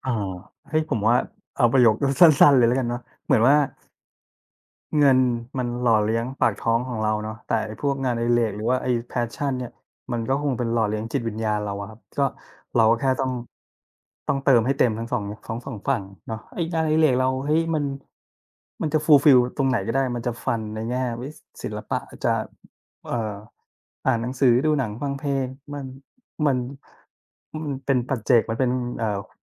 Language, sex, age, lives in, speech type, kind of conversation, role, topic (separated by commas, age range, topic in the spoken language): Thai, male, 40-44, Thailand, conversation, unstructured, none, คุณเคยพยายามโน้มน้าวให้ใครสักคนลองทำงานอดิเรกใหม่ไหม และผลเป็นอย่างไรบ้าง?